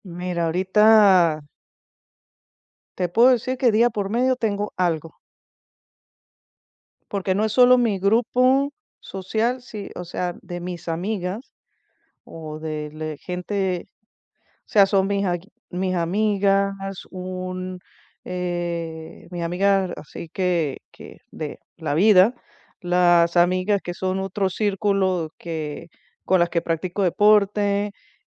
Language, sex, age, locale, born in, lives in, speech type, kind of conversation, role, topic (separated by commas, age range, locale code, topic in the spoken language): Spanish, female, 50-54, es-VE, Venezuela, United States, conversation, advice, user, ¿Cómo puedo equilibrar mis planes sociales con mi necesidad de descanso?